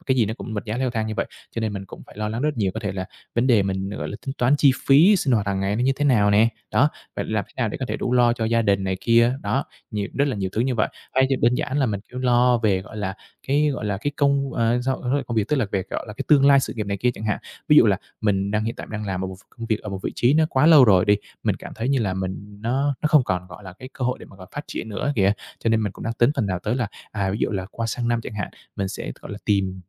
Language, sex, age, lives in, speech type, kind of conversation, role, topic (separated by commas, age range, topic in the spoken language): Vietnamese, male, 25-29, Vietnam, conversation, advice, user, Làm sao để dễ ngủ hơn khi bạn hay lo lắng và suy nghĩ nhiều trước khi đi ngủ?